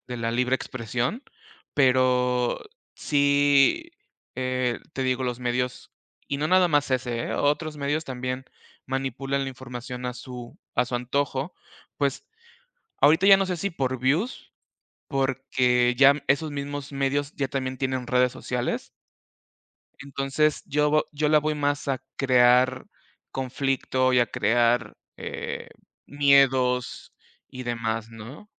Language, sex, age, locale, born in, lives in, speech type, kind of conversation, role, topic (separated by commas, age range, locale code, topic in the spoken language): Spanish, male, 35-39, es-MX, Mexico, Mexico, conversation, unstructured, none, ¿Crees que los medios de comunicación dicen la verdad o manipulan la información?